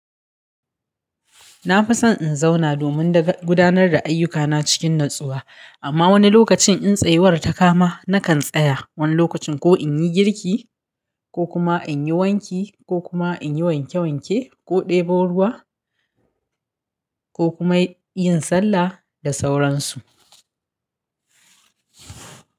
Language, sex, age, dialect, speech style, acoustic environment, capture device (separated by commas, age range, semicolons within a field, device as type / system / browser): Hausa, female, 35-44, Nigeria - Kano, happy; nervous; anxious; tired; relaxed; excited; focused; stressed, silence; nature; music; people talking, mobile / Linux / Chrome